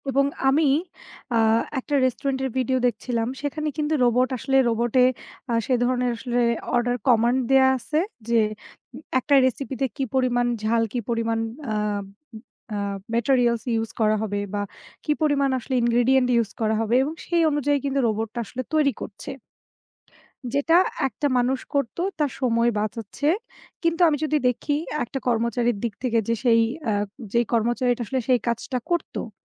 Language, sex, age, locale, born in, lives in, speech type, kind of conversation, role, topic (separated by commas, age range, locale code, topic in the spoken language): Bengali, female, 25-29, bn-BD, Bangladesh, Bangladesh, conversation, unstructured, none, তুমি কি মনে করো রোবট মানুষের চাকরি ছিনিয়ে নিচ্ছে?